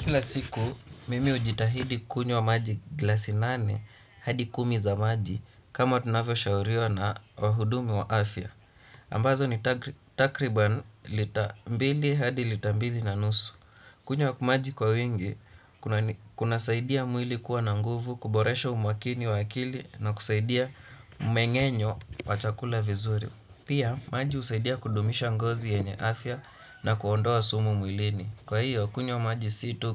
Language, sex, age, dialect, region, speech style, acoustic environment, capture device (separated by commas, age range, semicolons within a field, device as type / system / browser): Swahili, male, 18-24, Kenya - Nairobi Sheng-influenced, Kenya, relaxed, silence, desktop / Windows / Chrome